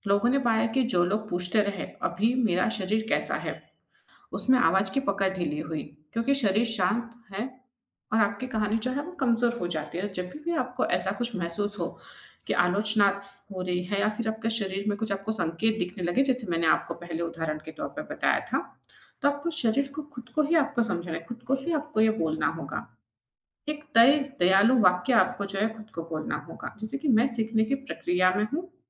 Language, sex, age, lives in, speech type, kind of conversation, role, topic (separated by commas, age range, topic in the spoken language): Hindi, female, 25-29, India, conversation, podcast, guest, आप अपने भीतर की आलोचनात्मक आवाज़ से कैसे निपटते हैं?